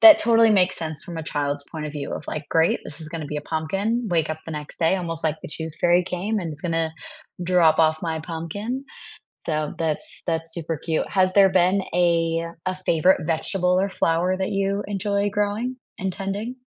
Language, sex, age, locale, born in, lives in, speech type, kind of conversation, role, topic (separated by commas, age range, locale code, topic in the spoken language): English, female, 25-29, en-US, United States, United States, conversation, unstructured, none, What made you smile recently?